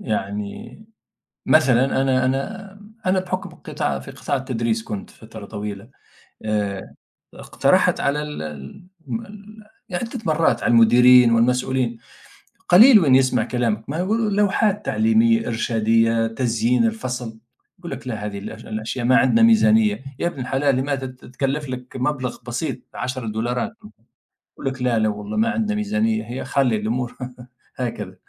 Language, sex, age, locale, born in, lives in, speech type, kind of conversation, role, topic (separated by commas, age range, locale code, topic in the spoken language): Arabic, male, 50-54, ar-TN, Tunisia, United States, conversation, unstructured, none, إيه اللي بيخليك تحس بالسعادة وإنت بتذاكر؟